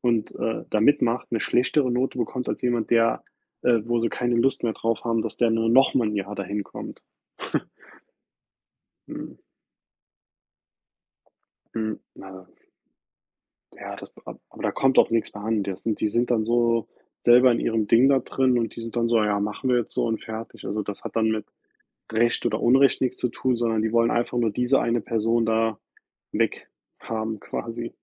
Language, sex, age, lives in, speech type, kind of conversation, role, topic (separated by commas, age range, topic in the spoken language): German, male, 30-34, United States, conversation, unstructured, none, Hast du schon einmal erlebt, dass jemand deine Arbeit gestohlen hat?